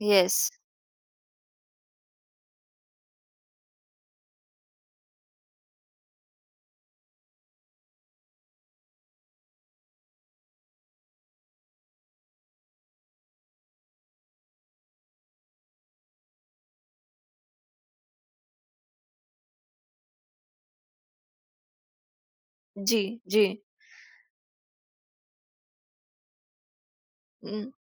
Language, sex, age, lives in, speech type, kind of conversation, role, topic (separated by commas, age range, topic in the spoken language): Hindi, female, 20-24, India, conversation, podcast, host, Tum apne सांस्कृतिक roots ko apne style mein kaise dikhate ho?